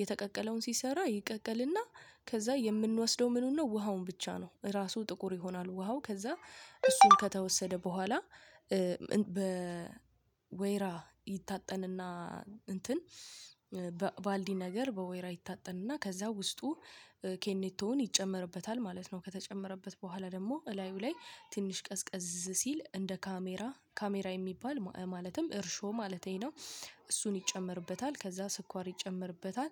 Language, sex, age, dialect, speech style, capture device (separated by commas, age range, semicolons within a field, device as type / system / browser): Amharic, female, 25-34, Ethiopia - Addis Ababa, focused, mobile / Linux / Chrome